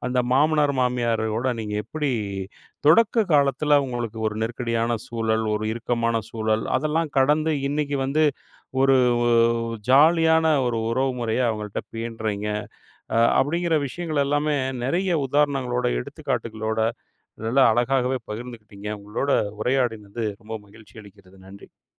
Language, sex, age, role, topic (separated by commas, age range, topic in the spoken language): Tamil, male, 40-44, host, மாமியார்-மாமன் உறவுகளில் எல்லைகளை எப்படிச் சமநிலைப்படுத்தலாம்?